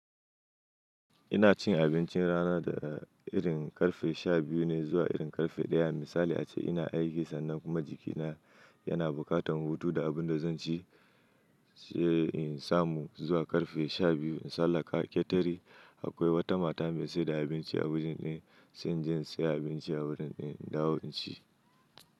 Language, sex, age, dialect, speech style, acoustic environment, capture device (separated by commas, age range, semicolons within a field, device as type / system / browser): Hausa, male, 25-34, Nigeria - Nigerian Standard, happy, silence; nature, mobile / Linux / Chrome